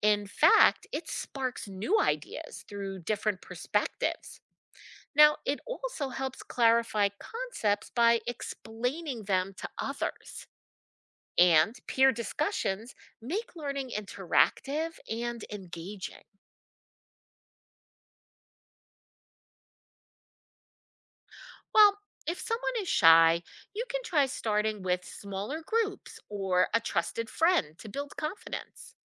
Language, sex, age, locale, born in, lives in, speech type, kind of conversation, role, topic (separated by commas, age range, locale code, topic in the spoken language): English, female, 50-54, en-US, United States, United States, read, expert-assistant, expert, What are some effective study techniques for students?